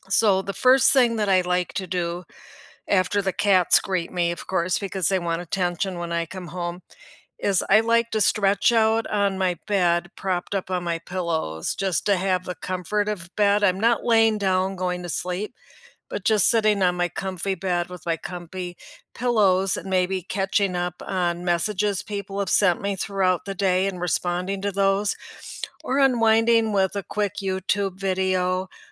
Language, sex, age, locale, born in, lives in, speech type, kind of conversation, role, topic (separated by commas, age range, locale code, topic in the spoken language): English, female, 65-69, en-US, United States, United States, conversation, unstructured, none, What relaxing rituals help you unwind after a long day, and which of them could we share?